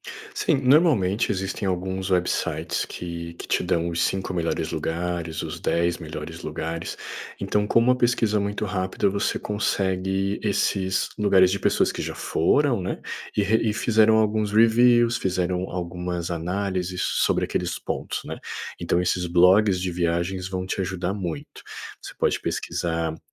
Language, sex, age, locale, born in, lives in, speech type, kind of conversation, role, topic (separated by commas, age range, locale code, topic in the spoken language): Portuguese, male, 30-34, pt-BR, Brazil, Portugal, conversation, advice, advisor, Como posso ter férias relaxantes apesar da logística complicada?